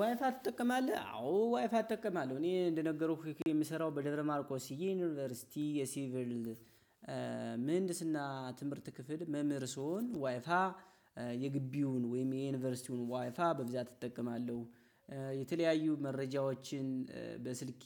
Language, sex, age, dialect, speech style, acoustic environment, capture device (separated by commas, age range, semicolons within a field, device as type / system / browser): Amharic, male, 25-34, Ethiopia - Gojjam, happy; excited, people talking; nature, mobile / Linux / Chrome